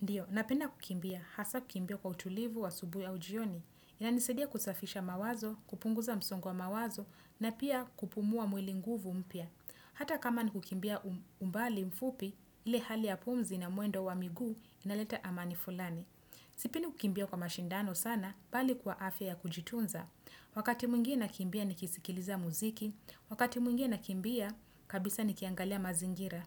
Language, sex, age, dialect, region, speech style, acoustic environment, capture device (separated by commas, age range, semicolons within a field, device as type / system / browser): Swahili, female, 25-34, Kenya - Nairobi Sheng-influenced, Kenya, happy, nature, desktop / Windows / Chrome